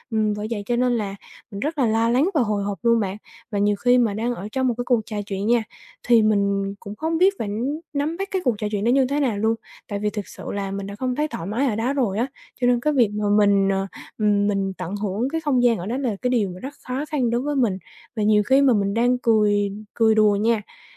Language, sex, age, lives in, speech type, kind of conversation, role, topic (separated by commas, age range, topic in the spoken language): Vietnamese, female, 20-24, Vietnam, conversation, advice, user, Tôi cảm thấy không thoải mái khi ở giữa đám đông, tôi nên làm gì?